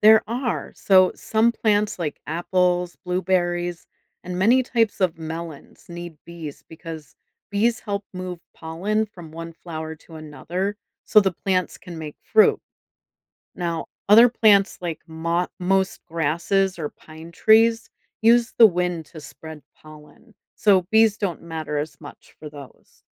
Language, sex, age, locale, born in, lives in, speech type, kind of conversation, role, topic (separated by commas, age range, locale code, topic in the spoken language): English, female, 45-49, en-US, United States, United States, read, expert-assistant, expert, How do bees help pollinate plants?